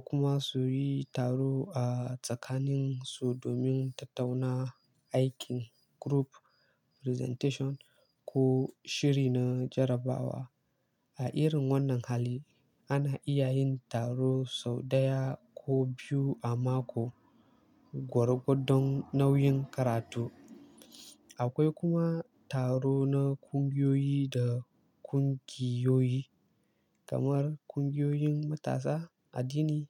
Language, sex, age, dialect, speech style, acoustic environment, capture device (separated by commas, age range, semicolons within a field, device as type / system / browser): Hausa, male, 18-24, Nigeria - Kano, excited, silence, mobile / Linux / Chrome